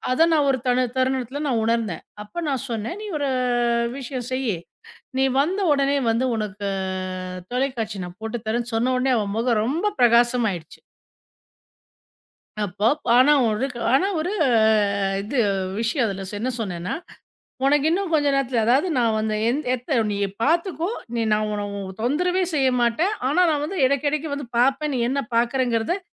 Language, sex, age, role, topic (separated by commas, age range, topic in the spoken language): Tamil, female, 40-44, guest, ஒரு செயலில் முழு கவனம் நிலைபெற பொதுவாக எவ்வளவு நேரம் ஆகும்?